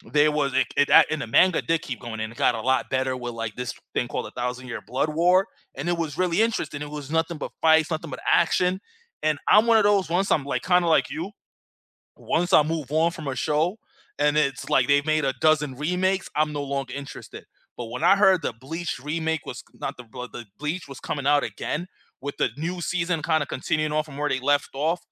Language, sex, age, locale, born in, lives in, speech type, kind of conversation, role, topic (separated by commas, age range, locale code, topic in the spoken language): English, male, 30-34, en-US, United States, United States, conversation, unstructured, none, Can movie remakes honor the original's spirit and artistry, or are they just cash-grabs that harm creativity?